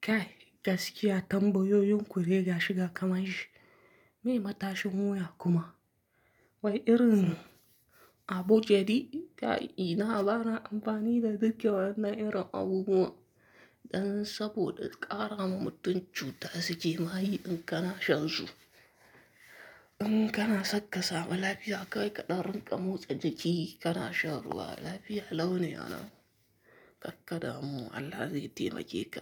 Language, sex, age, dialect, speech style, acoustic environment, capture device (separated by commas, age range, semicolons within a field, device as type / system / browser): Hausa, male, 25-34, Nigeria - Kano, relaxed, silence, mobile / Linux / Chrome